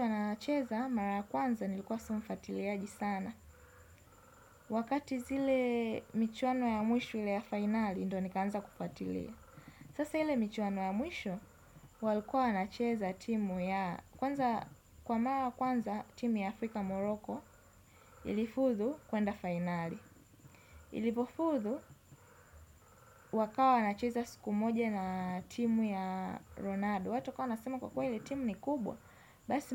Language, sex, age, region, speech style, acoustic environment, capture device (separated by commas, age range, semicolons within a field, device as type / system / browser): Swahili, female, 18-24, Tanzania, focused, silence, desktop / Windows / Edge